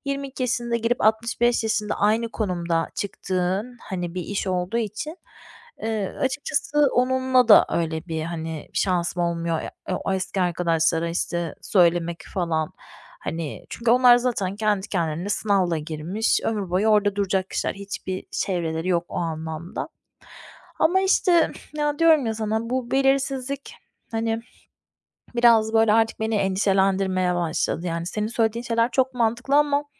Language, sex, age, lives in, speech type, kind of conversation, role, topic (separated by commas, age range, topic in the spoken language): Turkish, female, 30-34, Spain, conversation, advice, user, Belirsizlik karşısında duygusal dayanıklılığımı nasıl geliştirebilirim?